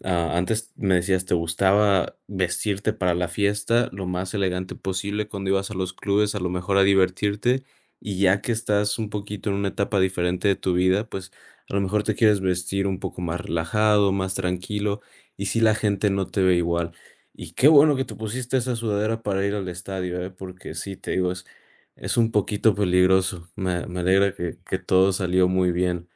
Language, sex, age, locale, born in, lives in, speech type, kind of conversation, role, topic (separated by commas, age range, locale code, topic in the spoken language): Spanish, male, 30-34, es-MX, Mexico, United States, conversation, podcast, host, ¿Cómo manejas la presión de los demás sobre cómo te vistes?